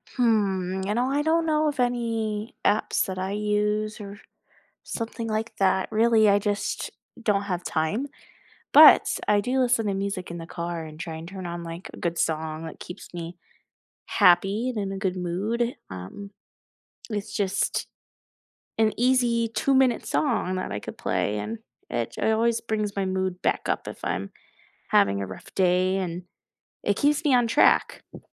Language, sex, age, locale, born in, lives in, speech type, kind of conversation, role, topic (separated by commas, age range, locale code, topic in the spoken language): English, female, 20-24, en-US, United States, United States, conversation, unstructured, none, What personal habit are you building right now, why does it matter, and how can we support each other?